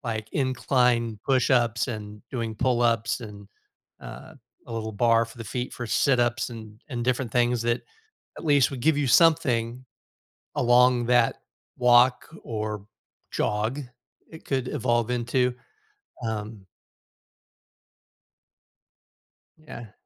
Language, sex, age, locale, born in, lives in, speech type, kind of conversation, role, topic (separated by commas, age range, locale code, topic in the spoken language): English, male, 55-59, en-US, United States, United States, conversation, advice, advisor, How can I fit short self-care habits into my busy school and chores routine to feel less tired and stressed?